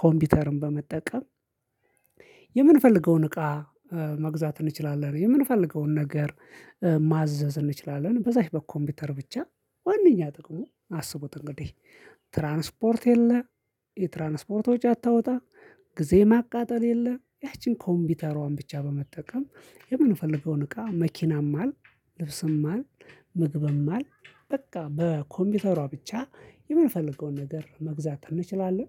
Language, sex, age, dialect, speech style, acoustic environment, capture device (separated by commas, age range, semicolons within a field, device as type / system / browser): Amharic, male, 25-34, Ethiopia - Addis Ababa, focused, silence; nature, mobile / Linux / Chrome